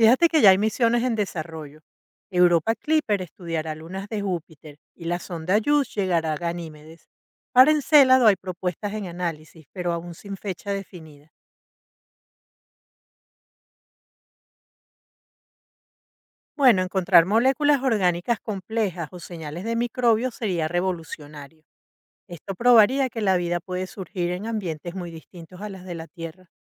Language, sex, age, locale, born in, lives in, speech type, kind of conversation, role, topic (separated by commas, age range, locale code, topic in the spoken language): Spanish, female, 55-59, es-VE, Venezuela, United States, read, expert-assistant, expert, ¿Qué planetas de nuestro sistema solar deberíamos priorizar para futuras misiones espaciales y por qué?